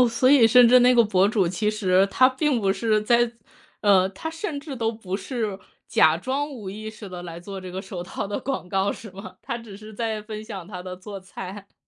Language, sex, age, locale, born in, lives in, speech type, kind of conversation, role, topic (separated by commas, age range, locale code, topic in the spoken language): Chinese, female, 30-34, zh-CN, China, United States, conversation, podcast, host, 短视频对你的消费习惯和娱乐方式有哪些影响？